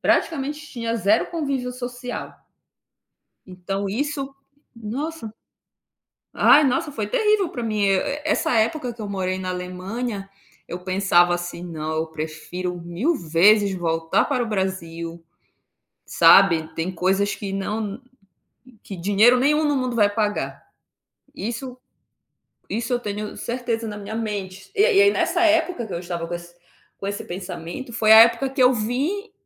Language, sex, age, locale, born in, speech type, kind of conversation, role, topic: Portuguese, female, 30-34, pt-BR, Brazil, conversation, podcast, guest, Quem costuma fazer parte da sua rede de apoio?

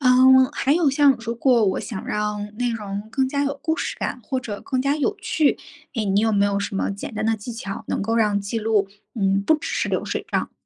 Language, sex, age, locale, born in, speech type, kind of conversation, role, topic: Chinese, female, 30-34, zh-CN, China, read, expert-assistant, asker, 想更好地发现身边的动植物，有哪些有趣又实用的小技巧可以尝试？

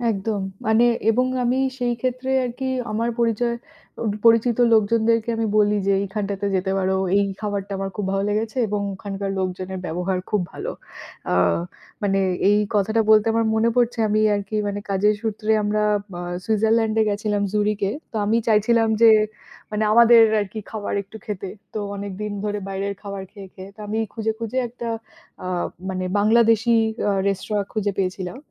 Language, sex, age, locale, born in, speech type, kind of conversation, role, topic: Bengali, female, 30-34, bn-IN, India, conversation, unstructured, none, আপনি সর্বশেষ কোন রেস্তোরাঁয় গিয়েছিলেন, আর আপনার অভিজ্ঞতা কেমন ছিল?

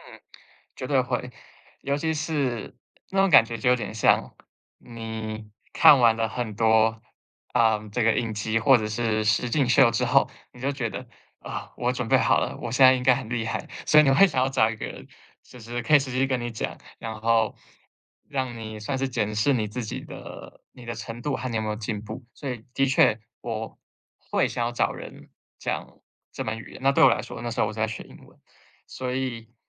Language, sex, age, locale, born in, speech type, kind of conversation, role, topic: Chinese, male, 25-29, zh-TW, Taiwan, conversation, podcast, guest, 遇到学习挫折时，你通常会怎么应对？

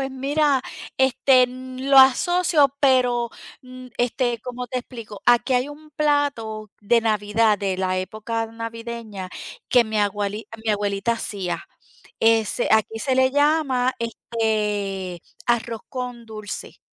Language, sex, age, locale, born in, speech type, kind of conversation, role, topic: Spanish, female, 50-54, es-DO, Puerto Rico, conversation, unstructured, none, ¿Qué comida te hace sentir más feliz al comerla?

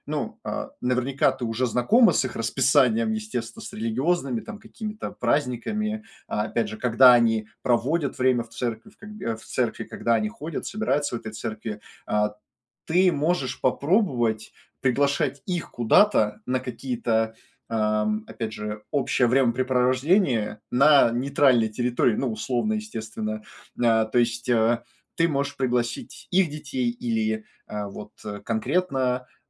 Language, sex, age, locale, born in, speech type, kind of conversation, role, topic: Russian, male, 25-29, ru-RU, Russia, conversation, advice, advisor, Как вежливо отказываться от приглашений, чтобы никого не обидеть и сохранить хорошие отношения?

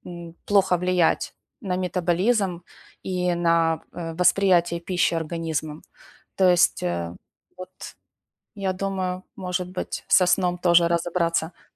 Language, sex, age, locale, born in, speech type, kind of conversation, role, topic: Russian, female, 45-49, ru-UA, Ukraine, conversation, advice, advisor, Как снова найти мотивацию после того, как прогресс остановился?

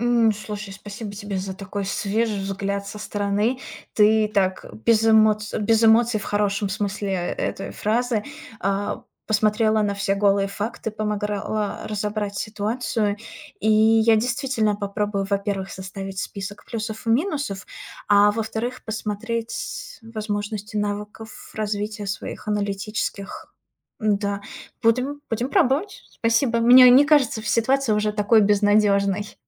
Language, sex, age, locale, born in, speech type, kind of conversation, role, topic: Russian, female, 30-34, ru-RU, Russia, conversation, advice, user, Какие сомнения у вас возникают при смене карьеры?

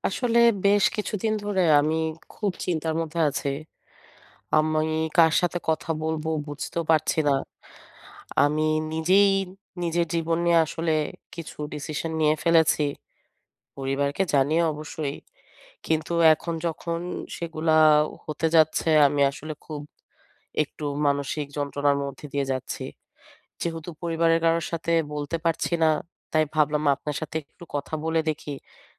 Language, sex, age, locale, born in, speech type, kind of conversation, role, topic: Bengali, female, 30-34, bn-BD, Bangladesh, conversation, advice, user, নতুন শহরের ভিন্ন আবহাওয়া ও তাপমাত্রায় কীভাবে মানিয়ে নেব?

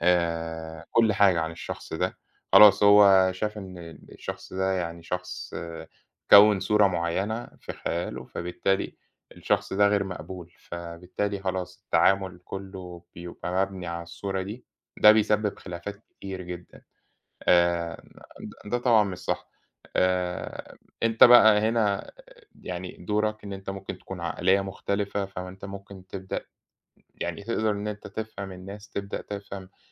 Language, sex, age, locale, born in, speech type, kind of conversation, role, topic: Arabic, male, 30-34, ar-EG, Egypt, conversation, unstructured, none, إزاي تتعامل مع الخلافات في الشغل بشكل فعّال؟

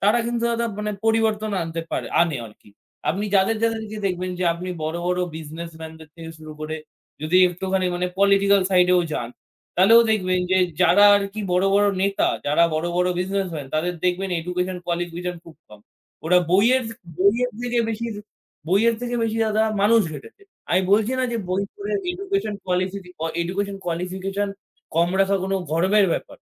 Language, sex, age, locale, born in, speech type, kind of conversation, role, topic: Bengali, male, 18-19, bn-IN, India, conversation, unstructured, none, শিক্ষার মাধ্যমে সমাজে কী কী পরিবর্তন আনা সম্ভব?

